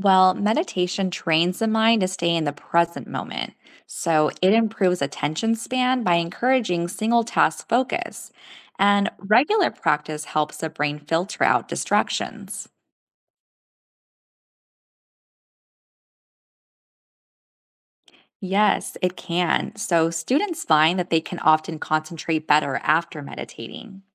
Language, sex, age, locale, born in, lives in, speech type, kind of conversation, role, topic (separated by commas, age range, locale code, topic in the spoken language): English, female, 35-39, en-US, United States, United States, read, expert-assistant, expert, How does meditation impact stress levels and focus?